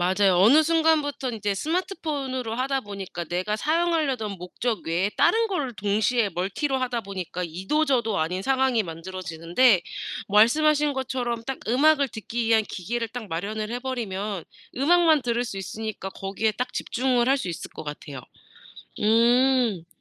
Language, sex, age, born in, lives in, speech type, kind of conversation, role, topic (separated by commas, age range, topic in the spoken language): Korean, female, 30-34, South Korea, United States, conversation, podcast, host, 스마트폰 사용을 줄이려면 어떤 방법이 가장 효과적일까요?